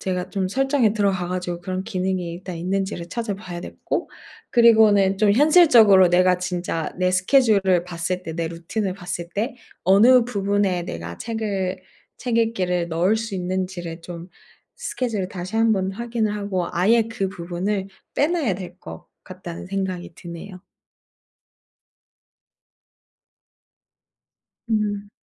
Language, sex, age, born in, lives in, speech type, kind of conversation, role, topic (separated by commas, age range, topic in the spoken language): Korean, female, 30-34, South Korea, Japan, conversation, advice, user, 독서 시간을 꾸준히 정하려고 했는데 자꾸 실패하는 이유가 무엇인가요?